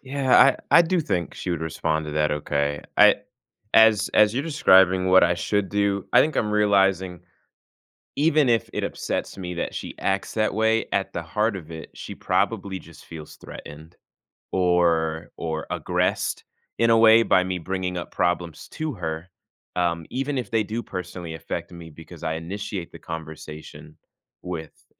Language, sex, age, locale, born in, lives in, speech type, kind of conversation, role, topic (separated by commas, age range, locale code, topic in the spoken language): English, male, 20-24, en-US, United States, United States, conversation, advice, user, How can I stop feeling drained by emotional labor in my relationship?